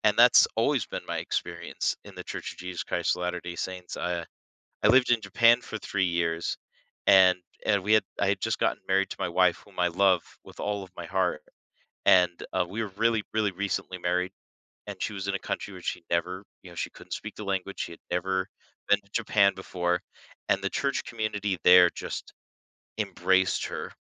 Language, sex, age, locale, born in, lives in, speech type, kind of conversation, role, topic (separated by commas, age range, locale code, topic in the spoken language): English, male, 30-34, en-US, United States, United States, conversation, podcast, guest, How have your beliefs shaped your values and experiences over time?